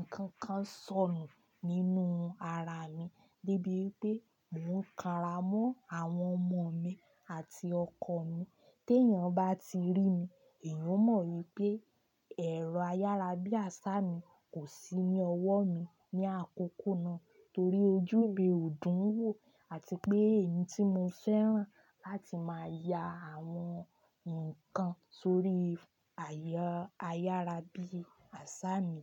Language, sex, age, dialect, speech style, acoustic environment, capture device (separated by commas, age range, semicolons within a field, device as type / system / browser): Yoruba, female, 25-34, Nigeria - Ibadan, happy, people talking, desktop / Linux / Chrome